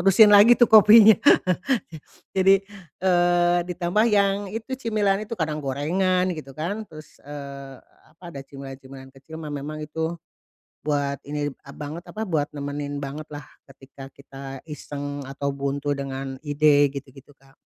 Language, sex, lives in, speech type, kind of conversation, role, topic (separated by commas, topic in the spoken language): Indonesian, female, Indonesia, conversation, advice, user, Bagaimana cara mengendalikan keinginan untuk ngemil terus sepanjang hari?